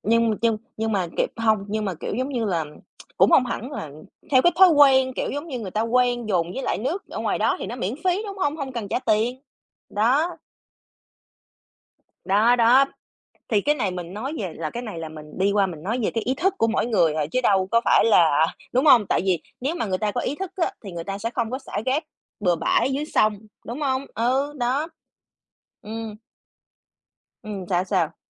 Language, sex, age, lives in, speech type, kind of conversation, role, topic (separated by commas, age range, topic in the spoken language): Vietnamese, female, 30-34, United States, conversation, unstructured, none, Bạn có lo ngại về tình trạng ô nhiễm không khí ở thành phố không?